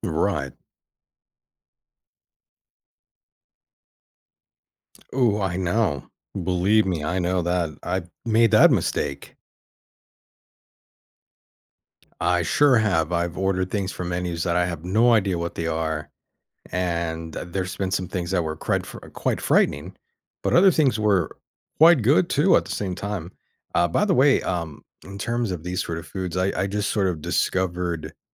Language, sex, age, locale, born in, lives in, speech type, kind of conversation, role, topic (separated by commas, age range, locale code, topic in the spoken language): English, male, 35-39, en-US, United States, United States, conversation, podcast, guest, How do food and memories come together to create a sense of comfort?